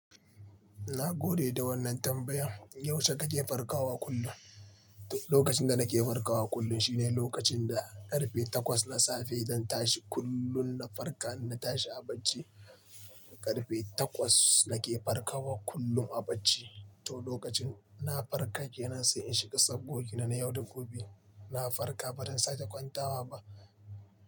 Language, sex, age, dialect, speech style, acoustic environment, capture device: Hausa, male, 25-34, Nigeria - Nigerian Standard, relaxed; focused, nature, mobile / Linux / Chrome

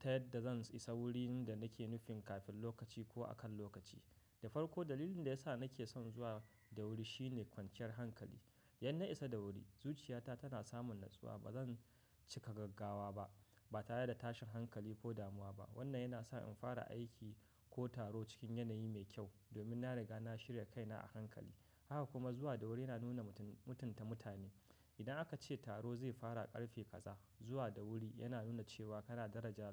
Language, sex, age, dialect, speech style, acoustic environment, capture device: Hausa, male, 25-34, Nigeria - Katsina, happy, nature; silence, mobile / macOS / Safari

